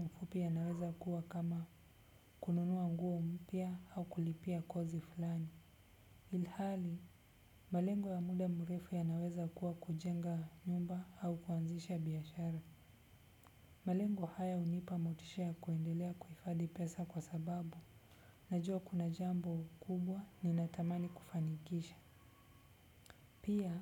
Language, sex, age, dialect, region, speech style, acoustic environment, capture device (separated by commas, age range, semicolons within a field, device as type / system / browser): Swahili, male, 25-34, Kenya - Nairobi Sheng-influenced, Kenya, relaxed, nature, desktop / Windows / Chrome